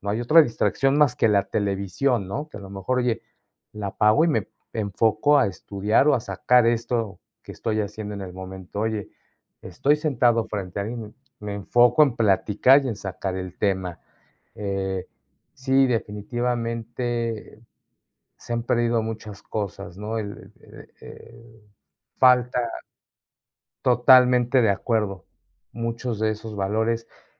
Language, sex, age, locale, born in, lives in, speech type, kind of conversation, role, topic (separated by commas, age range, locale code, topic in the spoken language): Spanish, male, 45-49, es-MX, Mexico, Mexico, conversation, unstructured, none, ¿Cómo te imaginas el mundo sin tecnología moderna?